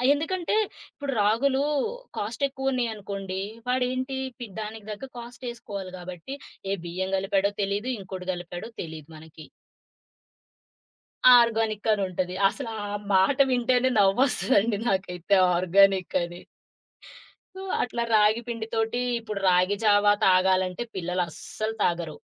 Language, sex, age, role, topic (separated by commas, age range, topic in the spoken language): Telugu, female, 30-34, guest, పాతకాలపు గ్రామీణ వంటల రుచిని ఇంట్లో మళ్లీ సహజంగా ఎలా పునఃసృష్టించుకోవచ్చు?